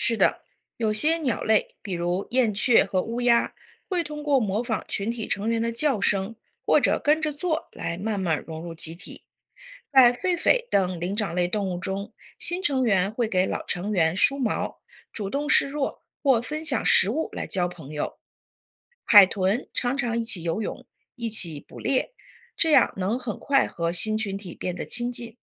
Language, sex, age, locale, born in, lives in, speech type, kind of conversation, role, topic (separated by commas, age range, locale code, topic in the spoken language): Chinese, female, 40-44, zh-CN, China, United States, read, expert-assistant, expert, 为什么有些动物会集体生活？